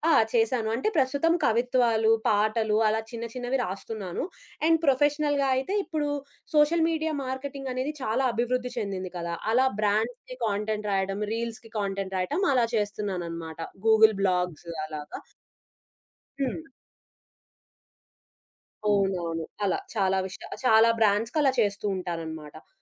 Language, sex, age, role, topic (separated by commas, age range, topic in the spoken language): Telugu, female, 20-24, guest, పని-కుటుంబ సమతుల్యాన్ని ఎలా సాధించాలి?